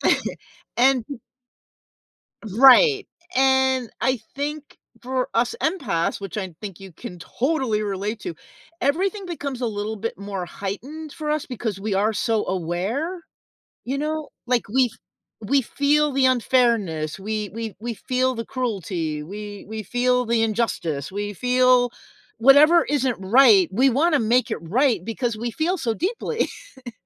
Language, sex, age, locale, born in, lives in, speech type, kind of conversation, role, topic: English, female, 60-64, en-US, United States, United States, conversation, podcast, guest, How can understanding others' perspectives help resolve disagreements?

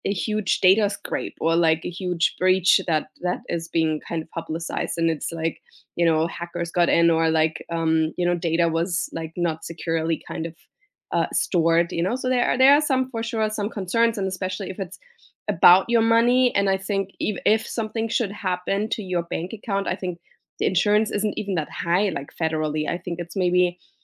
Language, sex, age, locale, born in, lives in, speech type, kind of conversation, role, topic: English, female, 35-39, en-DE, Germany, United States, conversation, unstructured, none, How can you persuade someone to try online banking?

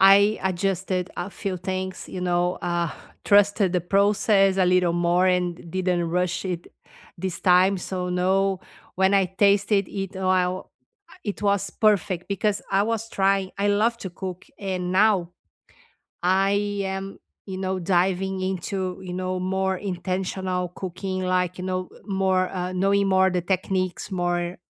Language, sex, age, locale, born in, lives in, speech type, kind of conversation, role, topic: English, female, 50-54, en-BR, Brazil, United States, conversation, unstructured, none, What small joy brightened your week, and why?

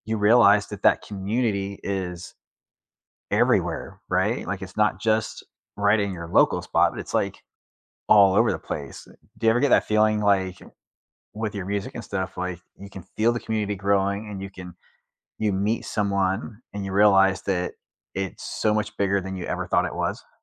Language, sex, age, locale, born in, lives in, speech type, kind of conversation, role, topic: English, male, 40-44, en-US, United States, United States, conversation, unstructured, none, Which hobbies help you build friendships and feel part of a community?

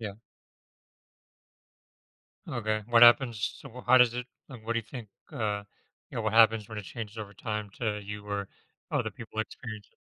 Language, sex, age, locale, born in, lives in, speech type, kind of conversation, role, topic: English, male, 35-39, en-US, United States, United States, conversation, podcast, host, How do shared experiences and connections shape the sense of belonging in a community?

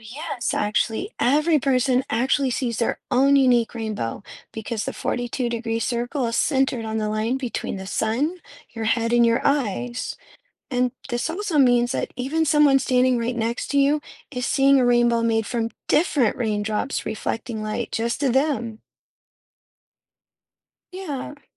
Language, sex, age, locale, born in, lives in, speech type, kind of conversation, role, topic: English, female, 35-39, en-US, United States, United States, read, expert-assistant, expert, How do rainbows form full circles even though we usually see only an arc?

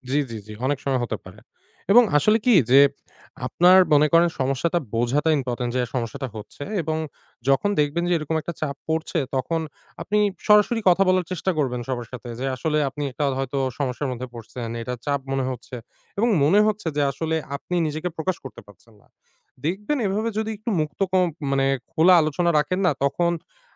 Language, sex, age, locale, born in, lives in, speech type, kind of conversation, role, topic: Bengali, male, 25-29, bn-BD, Bangladesh, Bangladesh, conversation, advice, advisor, সৃজনশীল কাজে আপনি কীভাবে নিজের স্বকীয় ধারা হারিয়েছেন?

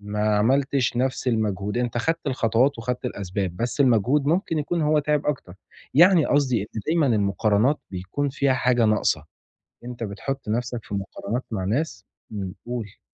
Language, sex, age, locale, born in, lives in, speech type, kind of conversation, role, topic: Arabic, male, 20-24, ar-EG, Egypt, Italy, conversation, advice, advisor, ليه بلاقي نفسي بقارن نفسي بالناس طول الوقت وبحس بالغيرة من صحابي؟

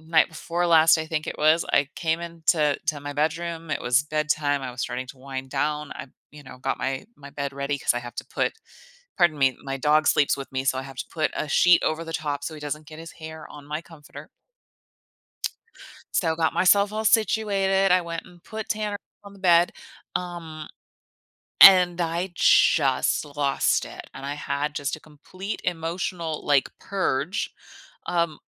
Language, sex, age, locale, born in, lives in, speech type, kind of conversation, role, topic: English, female, 35-39, en-US, United States, United States, conversation, podcast, guest, What helps you stay patient and motivated when things aren’t moving as quickly as you’d like?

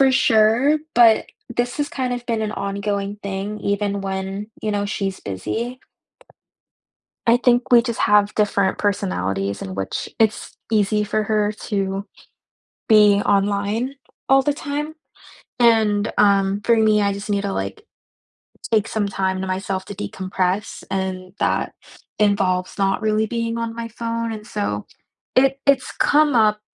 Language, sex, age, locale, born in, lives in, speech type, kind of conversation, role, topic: English, female, 20-24, en-US, United States, United States, conversation, advice, user, How can I resolve a disagreement with a close friend and preserve our friendship?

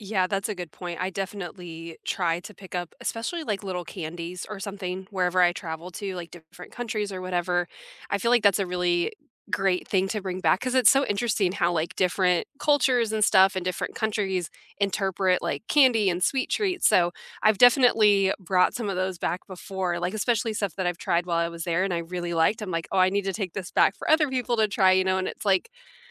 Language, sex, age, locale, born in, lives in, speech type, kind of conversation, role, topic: English, female, 30-34, en-US, United States, United States, conversation, unstructured, none, What souvenirs do you love bringing home, which do you skip, and why do they matter to you?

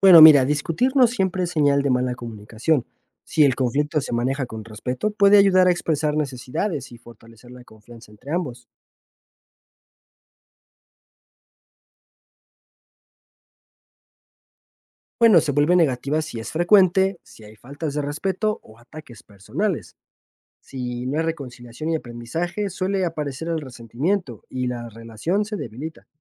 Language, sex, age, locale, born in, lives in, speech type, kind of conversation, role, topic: Spanish, male, 25-29, es-MX, Mexico, Mexico, read, expert-assistant, expert, ¿Es cierto que discutir con la pareja siempre es señal de mala comunicación?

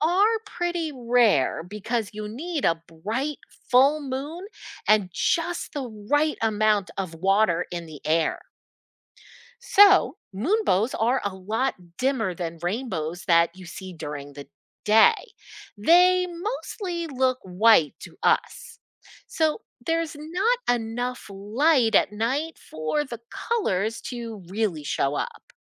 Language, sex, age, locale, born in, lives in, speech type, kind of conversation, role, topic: English, female, 50-54, en-US, United States, United States, read, expert-assistant, expert, Why do rainbows appear after it rains?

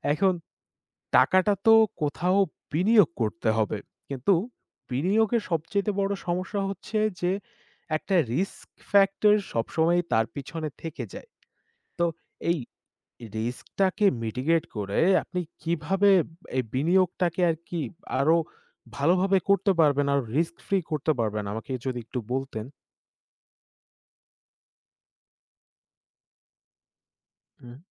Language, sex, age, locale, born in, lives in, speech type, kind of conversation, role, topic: Bengali, male, 20-24, bn-BD, Bangladesh, Bangladesh, conversation, unstructured, none, আপনি কীভাবে আপনার ভবিষ্যতের আর্থিক নিরাপত্তা নিশ্চিত করেন?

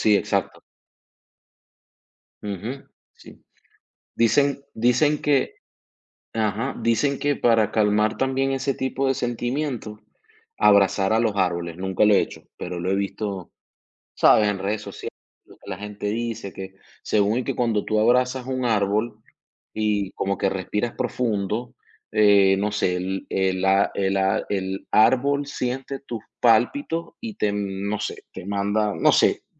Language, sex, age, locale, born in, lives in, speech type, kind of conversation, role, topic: Spanish, male, 30-34, es-VE, Venezuela, United States, conversation, unstructured, none, ¿Alguna vez has sentido ansiedad sin saber por qué?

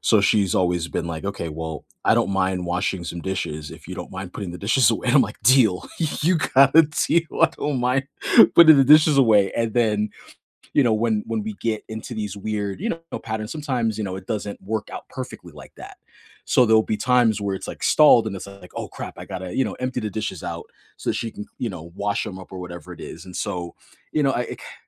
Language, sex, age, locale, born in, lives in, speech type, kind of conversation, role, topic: English, male, 40-44, en-US, United States, United States, conversation, unstructured, none, How do you prefer to give and receive care, and what do your love languages reveal about how to feel supported together?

